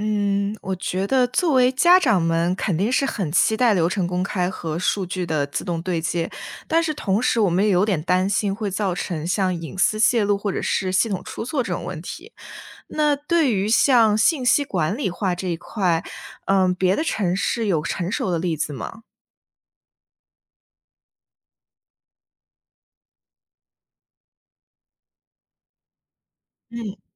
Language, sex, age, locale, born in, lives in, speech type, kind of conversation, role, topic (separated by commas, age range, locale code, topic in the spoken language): Chinese, female, 20-24, zh-CN, China, United States, read, expert-assistant, asker, 为什么有些孩子上学路特别远？教育政策里可能存在哪些小问题？